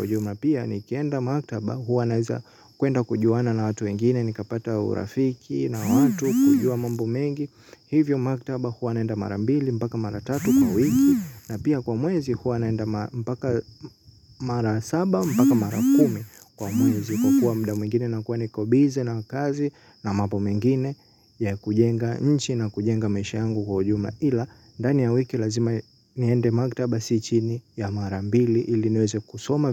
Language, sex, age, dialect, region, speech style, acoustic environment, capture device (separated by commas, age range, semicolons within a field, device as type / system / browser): Swahili, male, 18-24, Tanzania - Dar es Salaam, Tanzania, happy, silence, mobile / Linux / Chrome